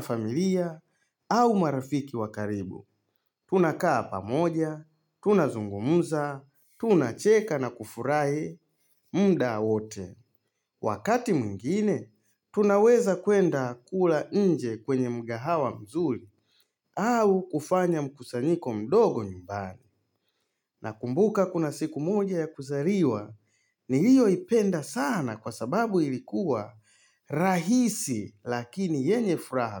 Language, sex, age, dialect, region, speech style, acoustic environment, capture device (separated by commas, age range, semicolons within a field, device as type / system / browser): Swahili, male, 35-44, Tanzania - Dar es Salaam, Tanzania, happy; excited; focused; relaxed, silence, mobile / Linux / Chrome